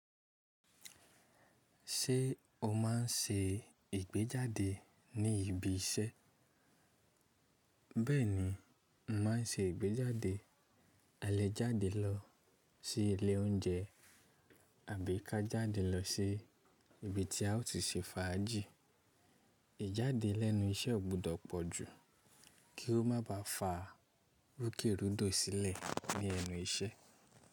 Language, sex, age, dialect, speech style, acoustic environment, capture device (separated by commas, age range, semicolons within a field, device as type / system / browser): Yoruba, male, 25-34, Nigeria - Ibadan, relaxed, silence, mobile / Linux / Chrome